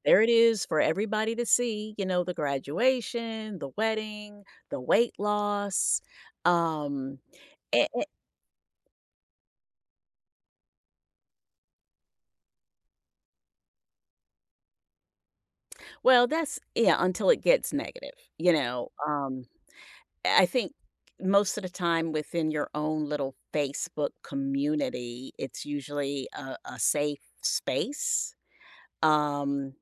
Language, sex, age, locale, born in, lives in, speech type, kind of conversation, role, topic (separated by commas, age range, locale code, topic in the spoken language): English, female, 55-59, en-US, United States, United States, conversation, podcast, guest, In what ways has technology shaped the way we connect and interact with others?